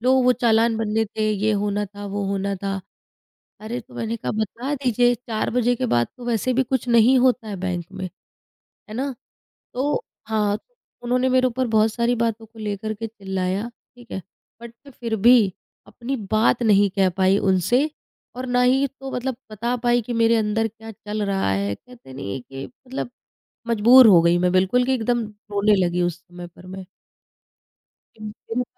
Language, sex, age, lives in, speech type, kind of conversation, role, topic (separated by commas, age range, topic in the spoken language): Hindi, female, 30-34, India, conversation, advice, user, नौकरी में बॉस को अपनी सीमाएँ बताना ज़रूरी होने पर भी आपको असहज क्यों लगता है?